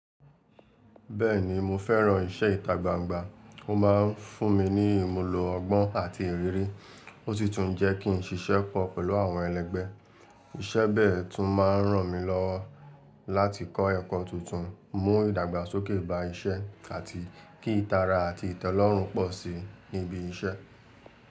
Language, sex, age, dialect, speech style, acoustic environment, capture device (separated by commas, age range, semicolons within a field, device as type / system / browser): Yoruba, male, 18-24, Nigeria - Lagos Yoruba, relaxed, traffic, mobile / Linux / Chrome